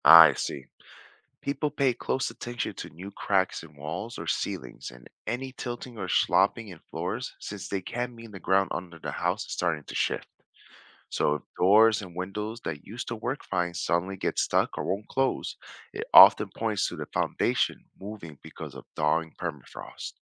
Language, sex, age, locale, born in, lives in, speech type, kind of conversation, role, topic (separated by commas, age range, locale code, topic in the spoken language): English, male, 40-44, en-US, United States, United States, read, expert-assistant, expert, What are the impacts of melting permafrost on infrastructure?